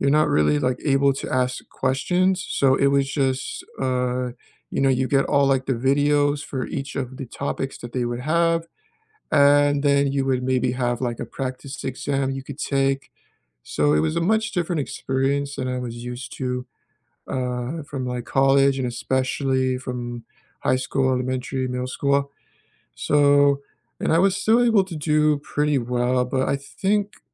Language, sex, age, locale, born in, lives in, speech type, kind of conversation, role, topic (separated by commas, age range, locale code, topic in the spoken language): English, male, 25-29, en-US, United States, United States, conversation, unstructured, none, How has technology shaped your learning, from your first online lesson to connecting with classmates and mentors?